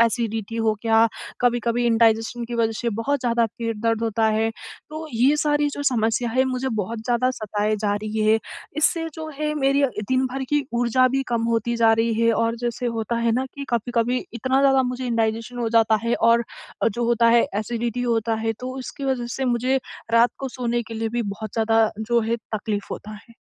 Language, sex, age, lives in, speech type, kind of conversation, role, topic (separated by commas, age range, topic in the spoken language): Hindi, female, 20-24, India, conversation, advice, user, मैं अपनी अनियंत्रित स्नैकिंग की आदत कैसे कम कर सकता/सकती हूँ?